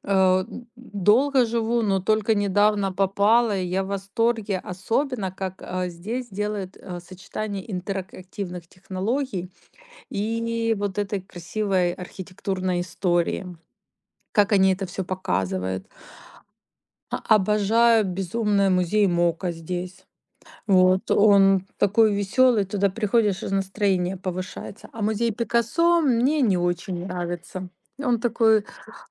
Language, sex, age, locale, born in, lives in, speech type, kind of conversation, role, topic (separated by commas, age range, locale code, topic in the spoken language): Russian, female, 45-49, ru-RU, Ukraine, Spain, conversation, unstructured, none, Что тебе больше всего нравится в твоём городе?